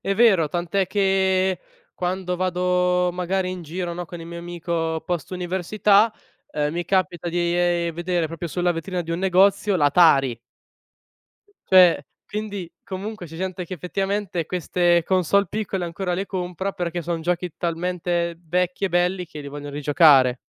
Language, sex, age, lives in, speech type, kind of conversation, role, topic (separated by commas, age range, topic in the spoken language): Italian, male, 20-24, Italy, conversation, podcast, guest, Come è nata la tua passione per questo hobby?